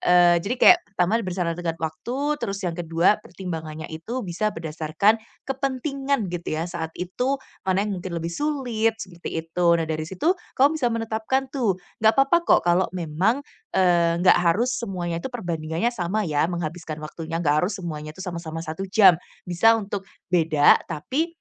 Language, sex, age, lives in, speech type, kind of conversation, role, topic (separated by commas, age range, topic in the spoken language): Indonesian, female, 25-29, Indonesia, conversation, advice, advisor, Bagaimana saya bisa fokus dan berhenti sering berpindah tugas?